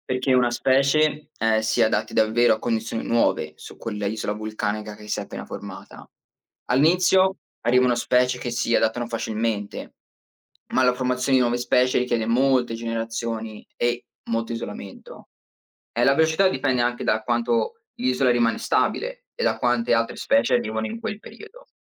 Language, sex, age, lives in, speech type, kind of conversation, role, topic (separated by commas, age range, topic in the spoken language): Italian, male, 20-24, United States, read, expert-assistant, expert, Come si formano le isole vulcaniche?